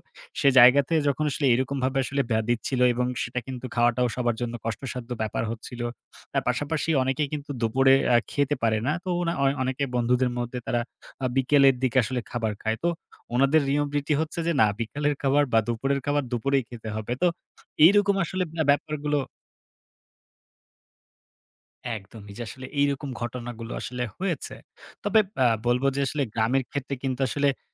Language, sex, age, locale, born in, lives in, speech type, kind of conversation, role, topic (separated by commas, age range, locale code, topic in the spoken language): Bengali, male, 18-19, bn-BD, Bangladesh, Bangladesh, conversation, podcast, guest, কোনো গ্রামের হোস্ট বা স্থানীয়দের আতিথেয়তা আপনার কেমন লেগেছিল?